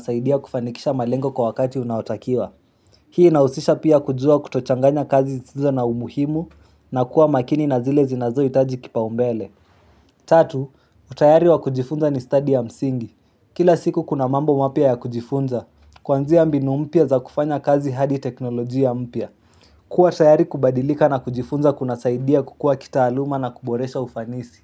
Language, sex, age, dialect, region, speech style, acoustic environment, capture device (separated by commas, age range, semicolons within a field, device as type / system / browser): Swahili, male, 18-24, Kenya - Nairobi Sheng-influenced, Kenya, happy, silence, mobile / Linux / Chrome